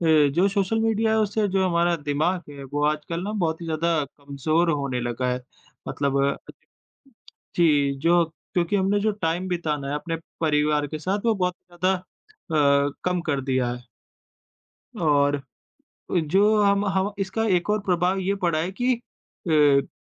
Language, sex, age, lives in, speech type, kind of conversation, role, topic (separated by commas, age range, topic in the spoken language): Hindi, female, 25-29, India, conversation, unstructured, none, क्या आपको लगता है कि सोशल मीडिया ने फिटनेस पर नकारात्मक असर डाला है?